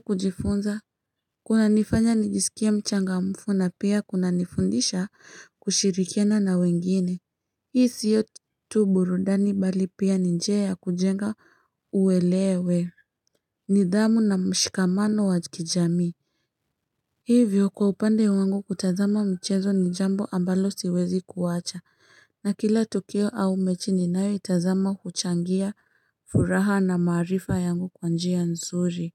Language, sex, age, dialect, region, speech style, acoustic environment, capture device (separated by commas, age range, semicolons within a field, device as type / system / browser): Swahili, female, 25-34, Kenya - Nairobi Sheng-influenced, Kenya, happy; excited, people talking; traffic; nature, mobile / Linux / Chrome